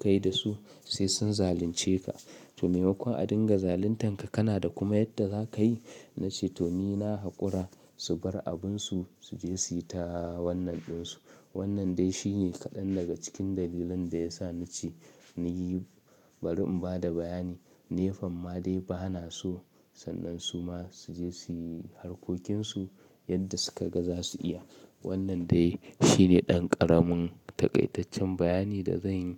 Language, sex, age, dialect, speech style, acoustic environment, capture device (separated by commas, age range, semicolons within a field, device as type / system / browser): Hausa, male, 25-34, Nigeria - Kano, relaxed; nervous, appliances, mobile / Linux / Chrome